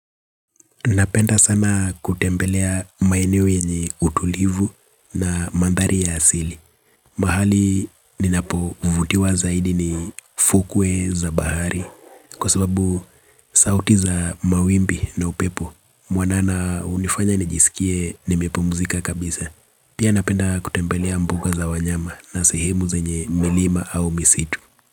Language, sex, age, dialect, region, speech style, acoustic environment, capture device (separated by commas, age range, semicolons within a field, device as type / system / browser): Swahili, male, 25-34, Kenya - Nairobi Sheng-influenced, Kenya, relaxed; tired, nature; appliances; construction, mobile / Linux / Chrome